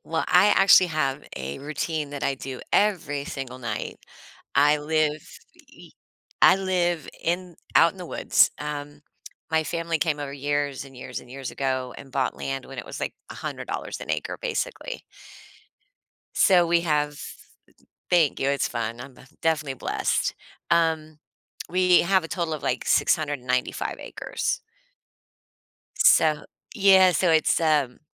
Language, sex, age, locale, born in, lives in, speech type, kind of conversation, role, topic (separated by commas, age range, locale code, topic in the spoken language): English, female, 45-49, en-US, United States, United States, conversation, podcast, guest, How do your evening routines help you unwind and recharge?